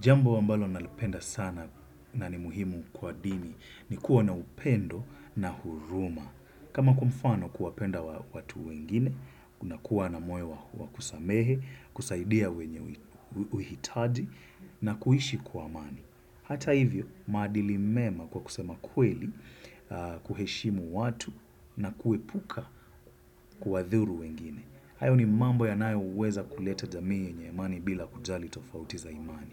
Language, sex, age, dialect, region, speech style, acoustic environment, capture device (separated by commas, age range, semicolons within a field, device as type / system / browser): Swahili, male, 25-34, Kenya - Mombasa, Kenya, focused; nervous, silence, desktop / Windows / Chrome